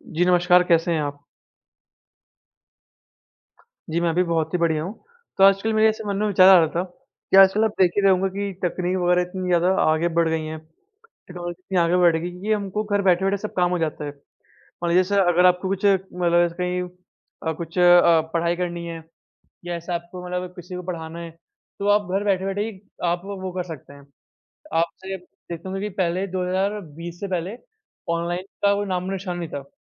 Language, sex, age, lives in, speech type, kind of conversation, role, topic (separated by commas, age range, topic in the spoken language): Hindi, male, 45-49, India, conversation, unstructured, none, क्या तकनीक ने शिक्षा को सभी के लिए अधिक आसान और सुलभ बनाया है?